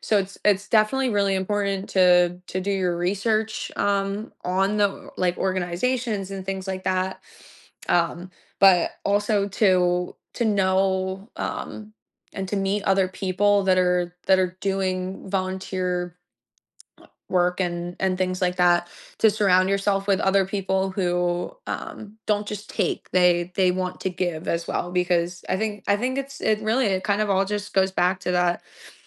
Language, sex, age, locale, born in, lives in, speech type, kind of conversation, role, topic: English, female, 25-29, en-US, United States, United States, conversation, podcast, guest, What motivates people to volunteer, and how does it shape their lives and communities?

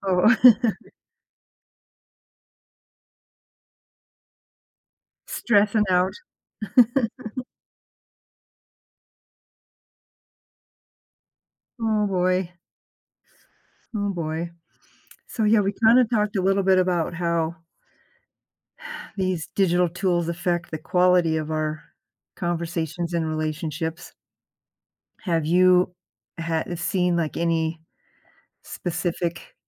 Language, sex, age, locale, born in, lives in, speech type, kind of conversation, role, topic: English, female, 55-59, en-US, United States, United States, conversation, podcast, host, In what ways has technology influenced the way we connect and interact with others?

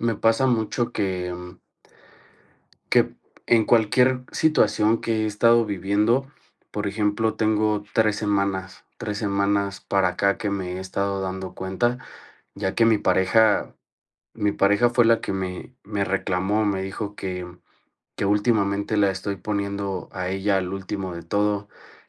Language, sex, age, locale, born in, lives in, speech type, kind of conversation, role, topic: Spanish, male, 30-34, es-MX, Mexico, Mexico, conversation, advice, user, ¿Cómo puedo aclarar cuáles son mis valores personales?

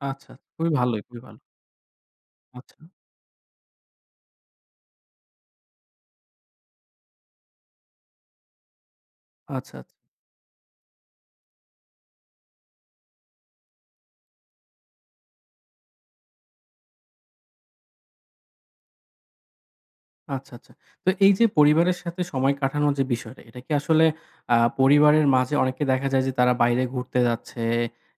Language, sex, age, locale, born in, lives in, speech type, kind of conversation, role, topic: Bengali, male, 25-29, bn-BD, Bangladesh, Bangladesh, conversation, podcast, host, আপনি কাজ ও ব্যক্তিগত জীবনের মধ্যে ভারসাম্য কীভাবে বজায় রাখেন?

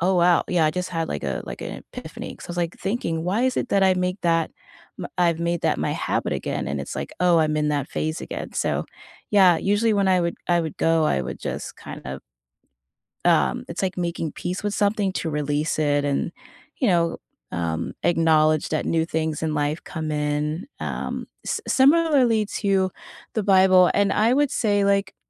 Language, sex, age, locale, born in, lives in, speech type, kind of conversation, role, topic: English, female, 30-34, en-US, United States, United States, conversation, unstructured, none, Which small daily habit has improved your routine, and why is it meaningful to you?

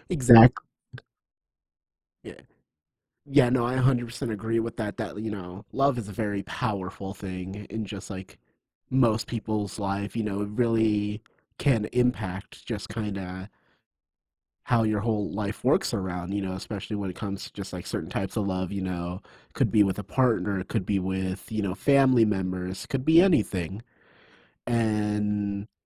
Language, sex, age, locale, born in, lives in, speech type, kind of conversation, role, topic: English, male, 25-29, en-US, United States, United States, conversation, unstructured, none, What is something most people misunderstand about love?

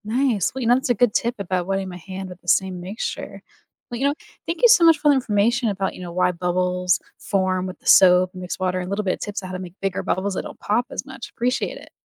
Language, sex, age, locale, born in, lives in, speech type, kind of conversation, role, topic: English, female, 30-34, en-US, United States, United States, read, expert-assistant, asker, Why do bubbles form when soap is mixed with water?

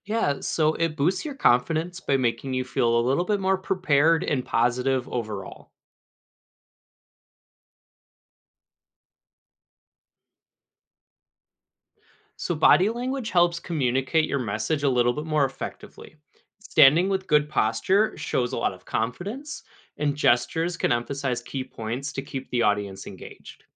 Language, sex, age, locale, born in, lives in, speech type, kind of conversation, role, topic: English, male, 20-24, en-US, United States, United States, read, expert-assistant, expert, How can public speaking skills be improved?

